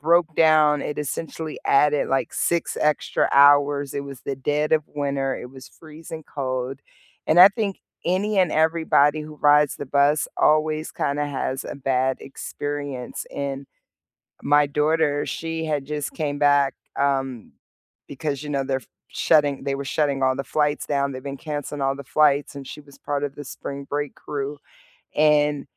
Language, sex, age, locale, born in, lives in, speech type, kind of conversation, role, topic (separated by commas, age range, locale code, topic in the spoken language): English, female, 40-44, en-US, United States, United States, conversation, unstructured, none, Which way do you love getting around—train, bus, or plane—and what memories make it your favorite?